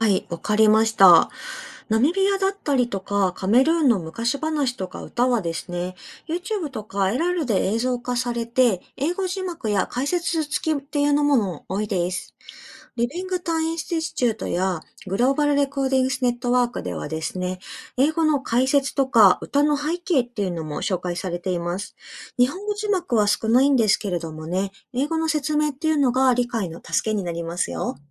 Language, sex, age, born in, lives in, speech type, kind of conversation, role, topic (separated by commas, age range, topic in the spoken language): Japanese, female, 30-34, Japan, Japan, read, expert-assistant, expert, 言語保存についてネットで調べるとき、情報の正確さをどうやって見分けますか？